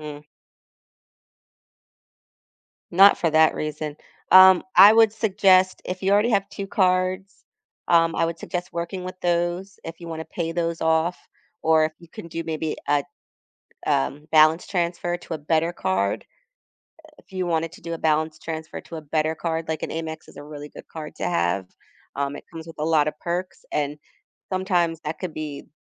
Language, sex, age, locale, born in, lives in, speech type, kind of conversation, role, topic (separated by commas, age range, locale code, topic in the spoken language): English, female, 40-44, en-US, United States, United States, conversation, advice, advisor, How can I start building savings when I'm living paycheck to paycheck?